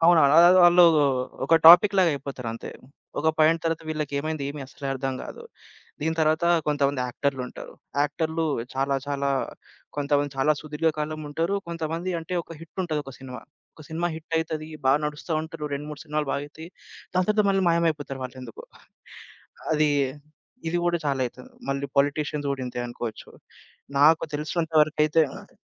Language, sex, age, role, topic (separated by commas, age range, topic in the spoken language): Telugu, male, 25-29, guest, ఖ్యాతిని దీర్ఘకాలం నిలబెట్టేది ఏమిటి?